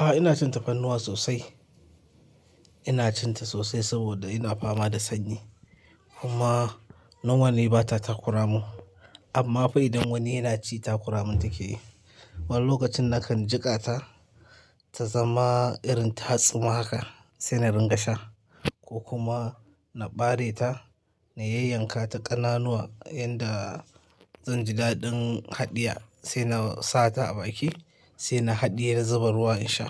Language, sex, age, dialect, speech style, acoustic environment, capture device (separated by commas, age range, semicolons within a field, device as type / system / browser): Hausa, male, 25-34, Nigeria - Kano, nervous; focused; excited; stressed; tired; anxious; happy; relaxed, people talking; music; nature; silence; traffic; appliances; construction, mobile / Linux / Chrome